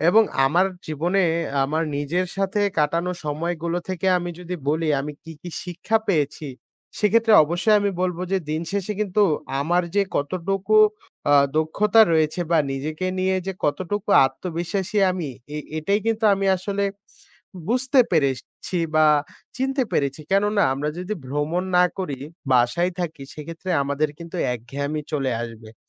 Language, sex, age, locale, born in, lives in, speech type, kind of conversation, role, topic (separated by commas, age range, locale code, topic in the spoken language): Bengali, male, 20-24, bn-BD, Bangladesh, Bangladesh, conversation, unstructured, none, আপনি কি কখনো একাকী ভ্রমণ করেছেন? অভিজ্ঞতা কেমন ছিল?